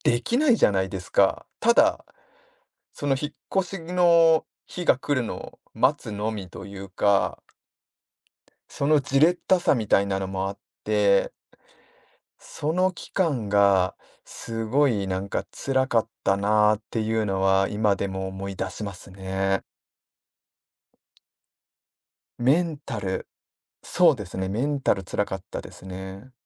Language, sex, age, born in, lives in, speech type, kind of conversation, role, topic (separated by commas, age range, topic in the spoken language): Japanese, male, 40-44, Japan, Japan, conversation, podcast, guest, 働き方の変化によって自分が変わったと感じた経験はありますか？